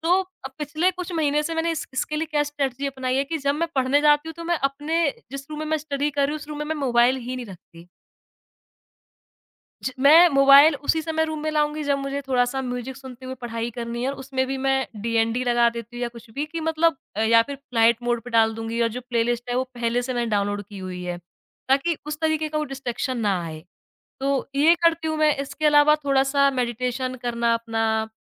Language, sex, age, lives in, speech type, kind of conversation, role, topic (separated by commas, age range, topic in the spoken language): Hindi, female, 25-29, India, conversation, podcast, guest, Tum distractions ko kaise manage karte ho taaki padhai par dhyaan bana rahe?